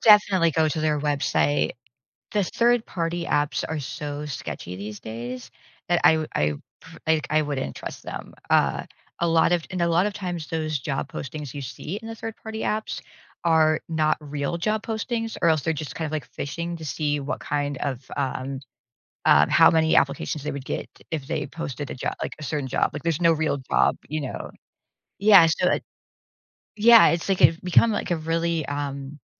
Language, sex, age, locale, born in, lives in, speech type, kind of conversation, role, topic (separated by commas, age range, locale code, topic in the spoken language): English, female, 45-49, en-US, United States, United States, conversation, advice, advisor, How do I decide on a new career and start transitioning?